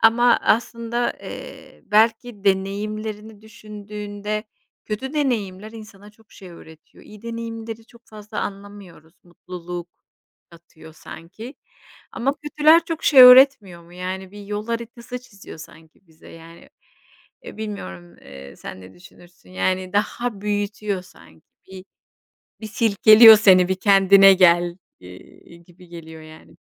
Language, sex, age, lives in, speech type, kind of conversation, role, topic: Turkish, female, 40-44, Spain, conversation, unstructured, none, Hayatını değiştiren bir deneyimi anlatır mısın?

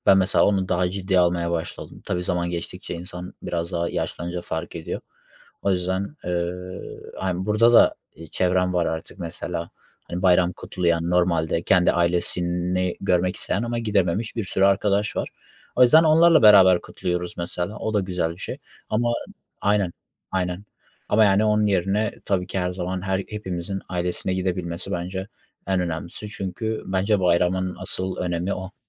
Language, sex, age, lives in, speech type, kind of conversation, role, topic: Turkish, male, 25-29, Germany, conversation, unstructured, none, Bayramlarda ailece bir arada olmanın önemi nedir?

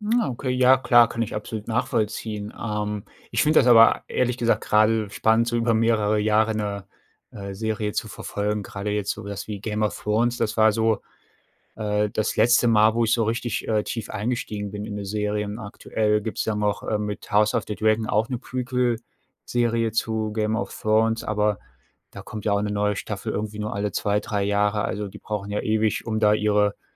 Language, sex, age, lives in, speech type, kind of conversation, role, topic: German, male, 35-39, Germany, conversation, unstructured, none, Warum sehen sich Menschen gerne Serien oder Filme an?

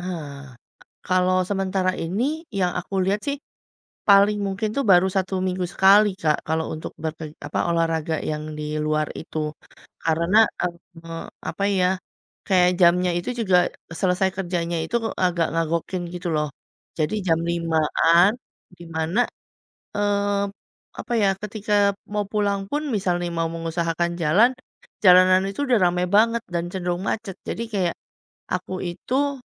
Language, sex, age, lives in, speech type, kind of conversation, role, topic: Indonesian, female, 40-44, Indonesia, conversation, advice, user, Bagaimana cara memulai dan mempertahankan rutinitas olahraga serta pola makan sehat?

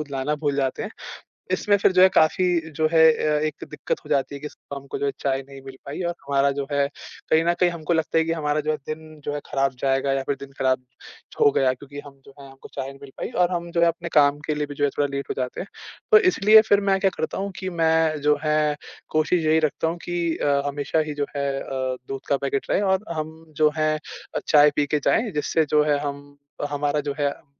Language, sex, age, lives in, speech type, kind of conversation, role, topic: Hindi, male, 20-24, India, conversation, podcast, guest, घर में आपके लिए चाय या कॉफी का क्या महत्व है?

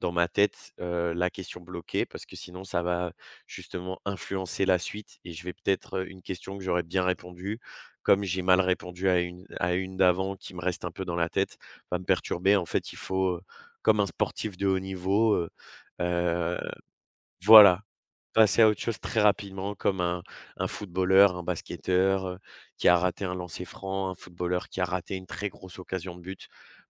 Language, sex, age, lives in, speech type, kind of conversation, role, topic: French, male, 20-24, France, conversation, podcast, guest, Comment gérer l’anxiété avant un examen ?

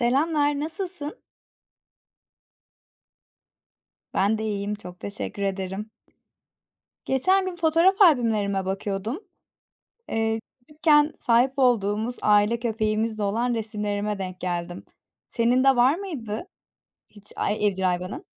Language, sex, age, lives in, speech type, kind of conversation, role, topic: Turkish, female, 25-29, Germany, conversation, unstructured, none, Hayvan sahiplenmek çocuklara ne öğretir?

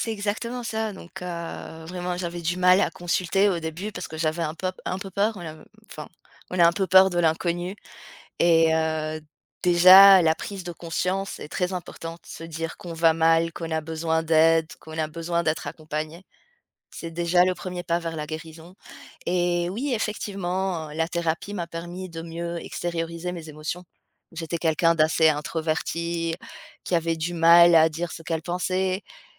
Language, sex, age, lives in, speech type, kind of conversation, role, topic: French, female, 35-39, France, conversation, podcast, guest, Comment montrer de l’empathie sans minimiser ce que l’autre ressent ?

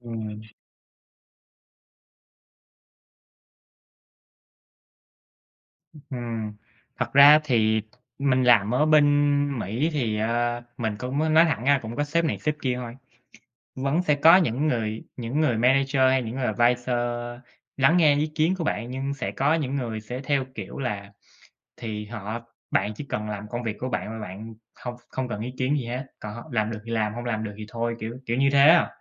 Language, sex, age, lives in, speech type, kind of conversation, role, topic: Vietnamese, male, 25-29, United States, conversation, unstructured, none, Bạn tiếp cận việc phát triển kỹ năng giao tiếp như thế nào?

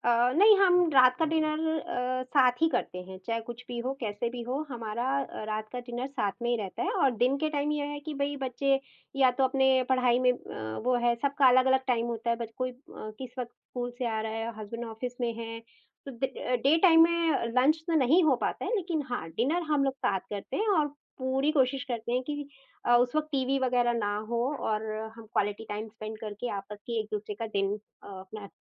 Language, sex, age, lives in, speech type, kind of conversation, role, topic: Hindi, female, 45-49, India, conversation, podcast, guest, Kaam aur ghar ke beech santulan banakar aap apne parivaar ko khush kaise rakhte hain?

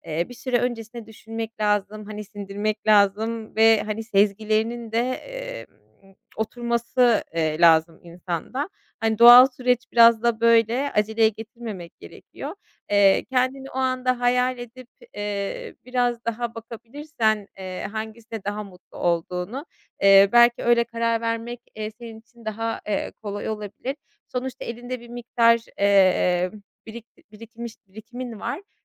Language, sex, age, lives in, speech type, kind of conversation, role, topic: Turkish, female, 30-34, Germany, conversation, advice, advisor, Büyük kararlar verirken yaşadığınız aşırı kaygı ve kararsızlığı nasıl tarif edersiniz?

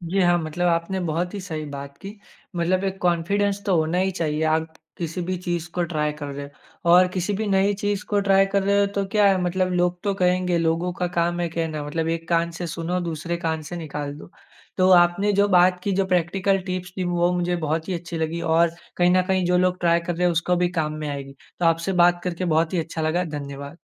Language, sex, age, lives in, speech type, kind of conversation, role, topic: Hindi, male, 25-29, India, conversation, podcast, host, Koi aisa combination jo pehle ajeeb laga par phir perfect nikla, kya tha?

